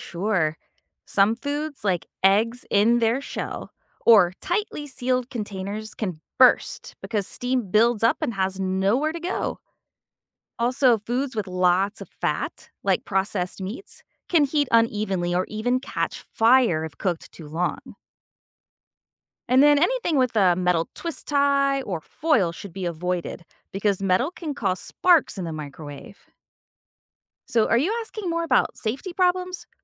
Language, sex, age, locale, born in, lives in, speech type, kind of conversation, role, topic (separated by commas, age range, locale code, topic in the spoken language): English, female, 45-49, en-US, United States, United States, read, expert-assistant, expert, How does a microwave oven heat food and what affects its performance?